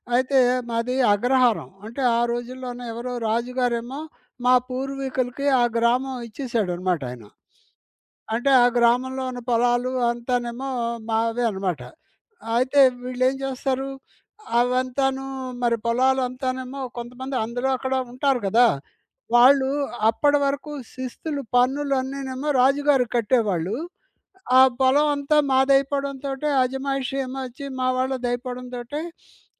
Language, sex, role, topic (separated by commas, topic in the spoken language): Telugu, male, guest, మీ కుటుంబంలో ఉన్న వారసత్వ వస్తువు లేదా విలువైన జ్ఞాపిక గురించి మీరు చెప్పగలరా?